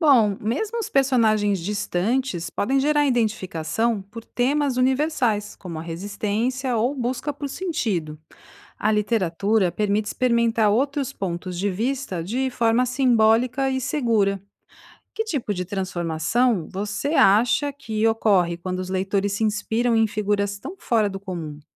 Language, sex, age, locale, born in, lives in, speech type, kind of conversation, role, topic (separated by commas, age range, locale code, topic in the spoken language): Portuguese, female, 45-49, pt-BR, Brazil, Italy, read, expert-assistant, expert, E se personagens clássicos da literatura de diferentes países trocassem de lugar? Como seria se Dom Quixote vivesse no Brasil colonial e Macunaíma na Espanha do século XVII?